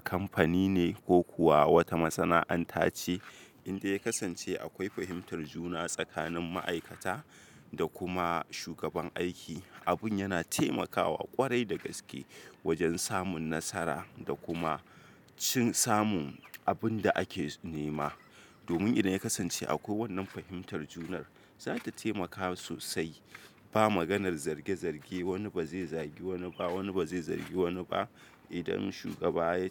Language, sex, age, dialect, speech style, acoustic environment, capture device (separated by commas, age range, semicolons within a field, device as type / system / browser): Hausa, male, 25-34, Nigeria - Kano, relaxed, silence, desktop / Windows / Chrome